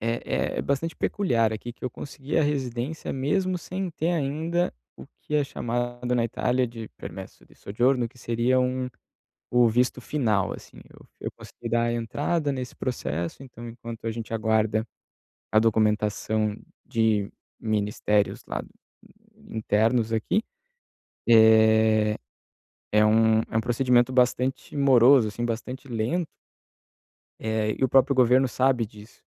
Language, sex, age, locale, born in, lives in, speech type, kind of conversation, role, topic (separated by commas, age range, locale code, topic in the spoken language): Portuguese, male, 30-34, pt-BR, Brazil, Italy, conversation, advice, user, Como posso abrir uma conta bancária em um novo país?